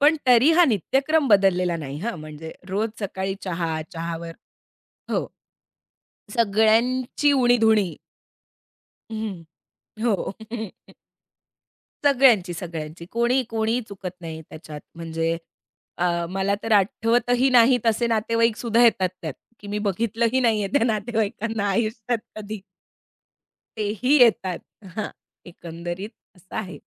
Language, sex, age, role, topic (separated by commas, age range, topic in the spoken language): Marathi, female, 30-34, guest, चहा-पाण्याची वेळ घरी तुम्हाला कशी वाटते?